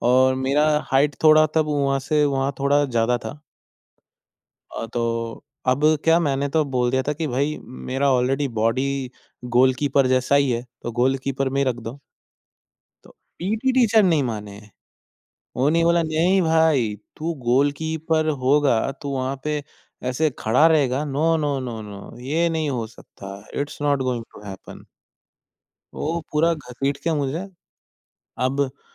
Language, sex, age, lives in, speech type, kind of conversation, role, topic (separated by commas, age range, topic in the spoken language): Hindi, male, 20-24, India, conversation, podcast, guest, Bachpan mein aapka sabse favourite snack kya tha, aur uske saath aap kaunsa khel khelte the?